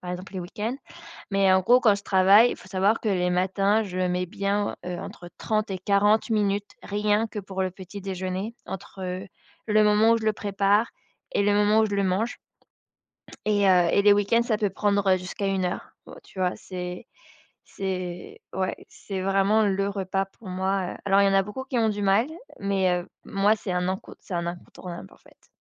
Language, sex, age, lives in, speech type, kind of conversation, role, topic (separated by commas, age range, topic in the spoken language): French, female, 25-29, France, conversation, podcast, guest, Le petit-déjeuner est-il sacré pour toi, ou pas du tout ?